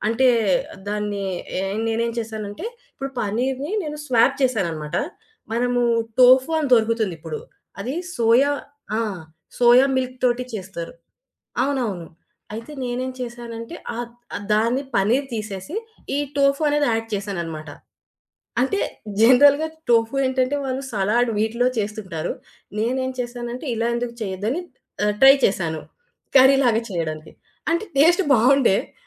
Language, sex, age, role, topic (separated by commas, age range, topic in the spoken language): Telugu, female, 25-29, guest, ఒక వంటకాన్ని మీ రుచికి అనుగుణంగా ఎలా మార్చుకుంటారు?